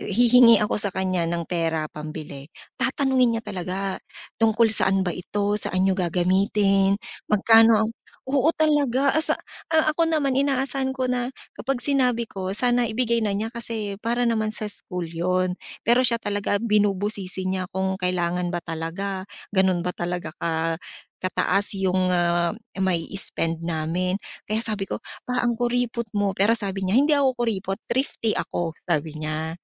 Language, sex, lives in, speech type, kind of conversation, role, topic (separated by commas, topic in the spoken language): Filipino, female, Philippines, conversation, podcast, guest, Paano ka nagpapasya kung gagastusin mo na ba ngayon ang pera o mag-iimpok para sa bukas?